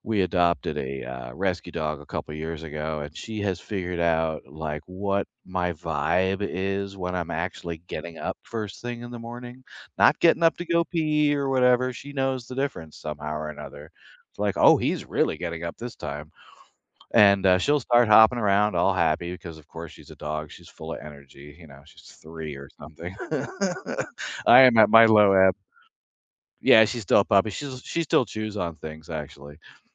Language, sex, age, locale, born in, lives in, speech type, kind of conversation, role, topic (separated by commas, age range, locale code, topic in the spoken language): English, male, 60-64, en-US, United States, United States, conversation, unstructured, none, What small daily habits make you feel happier?